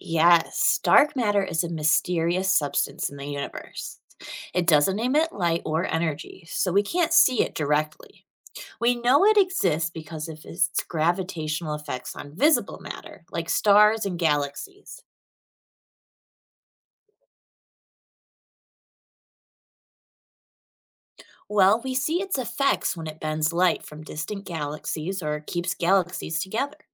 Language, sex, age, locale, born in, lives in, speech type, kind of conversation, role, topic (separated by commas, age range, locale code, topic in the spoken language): English, female, 30-34, en-US, United States, United States, read, expert-assistant, expert, What are dark matter and dark energy?